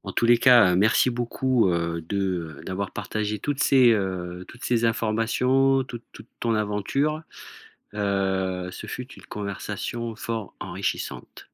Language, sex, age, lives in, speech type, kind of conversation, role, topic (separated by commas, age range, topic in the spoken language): French, male, 40-44, France, conversation, podcast, host, Quel souvenir musical a changé ta façon d’écouter la musique ?